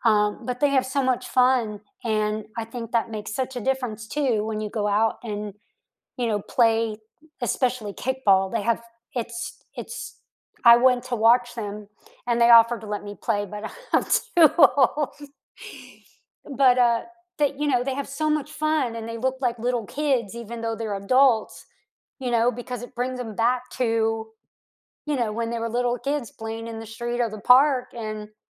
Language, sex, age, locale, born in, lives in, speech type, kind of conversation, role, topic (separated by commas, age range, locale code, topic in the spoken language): English, female, 55-59, en-US, United States, United States, conversation, unstructured, none, Which childhood games or hobbies would you like to bring back into your adult life, and why now?